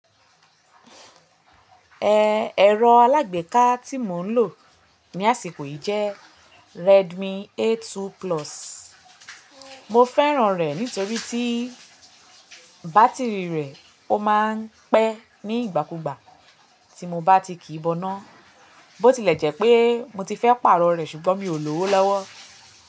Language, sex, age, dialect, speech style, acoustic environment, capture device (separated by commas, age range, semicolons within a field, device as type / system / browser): Yoruba, female, 25-34, Nigeria - Lagos Yoruba, anxious, silence, mobile / Linux / Chrome